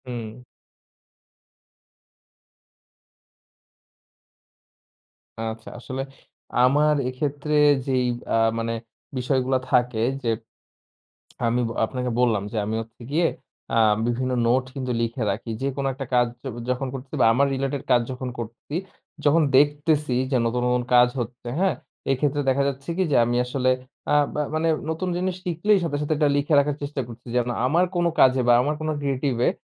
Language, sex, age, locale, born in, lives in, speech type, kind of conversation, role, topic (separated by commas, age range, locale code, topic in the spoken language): Bengali, male, 20-24, bn-BD, Bangladesh, Bangladesh, conversation, podcast, guest, আপনি সৃজনশীলতার বাধা কাটিয়ে উঠতে কী করেন?